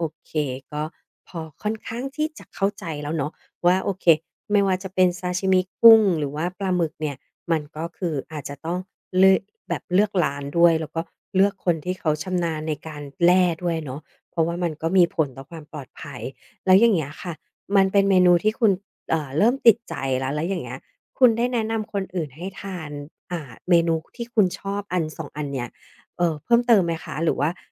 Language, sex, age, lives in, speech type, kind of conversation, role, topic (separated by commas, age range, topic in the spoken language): Thai, female, 40-44, Thailand, conversation, podcast, host, ใครบ้างที่ชวนคุณกินอาหารแปลก ๆ จนติดใจ?